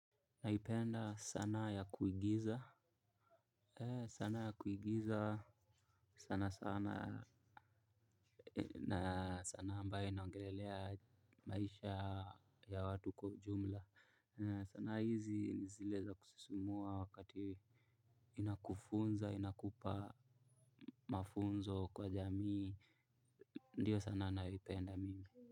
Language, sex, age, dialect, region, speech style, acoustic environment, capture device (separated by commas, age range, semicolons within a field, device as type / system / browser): Swahili, male, 18-24, Kenya - Mombasa, Kenya, relaxed, silence, mobile / Linux / Chrome